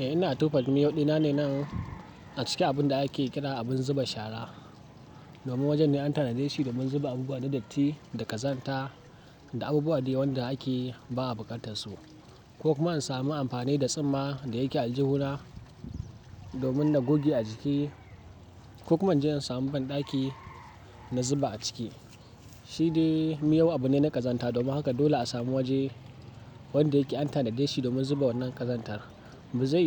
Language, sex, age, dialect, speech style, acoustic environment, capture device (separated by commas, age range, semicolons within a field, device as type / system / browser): Hausa, male, 18-24, Nigeria - Kano, excited, nature, mobile / Linux / Chrome